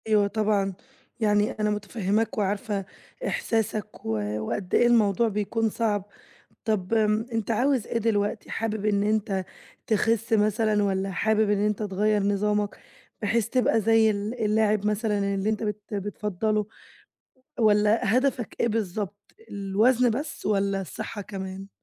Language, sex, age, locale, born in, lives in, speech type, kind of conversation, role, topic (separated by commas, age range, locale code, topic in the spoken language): Arabic, female, 20-24, ar-EG, Egypt, Greece, conversation, advice, advisor, إزاي أقدر ألتزم بنظام أكل صحي لفترة طويلة؟